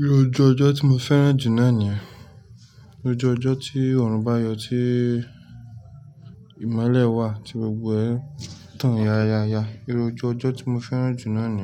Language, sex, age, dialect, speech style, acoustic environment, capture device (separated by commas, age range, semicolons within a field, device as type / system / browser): Yoruba, male, 25-34, Nigeria - Lagos Yoruba, relaxed; tired, silence, mobile / Linux / Chrome